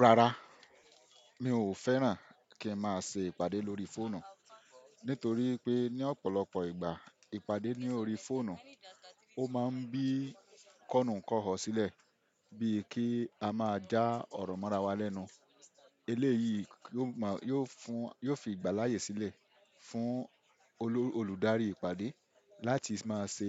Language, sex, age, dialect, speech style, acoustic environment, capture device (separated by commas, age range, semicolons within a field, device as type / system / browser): Yoruba, male, 25-34, Nigeria - Ibadan, focused; happy; relaxed, silence, desktop / Windows / Chrome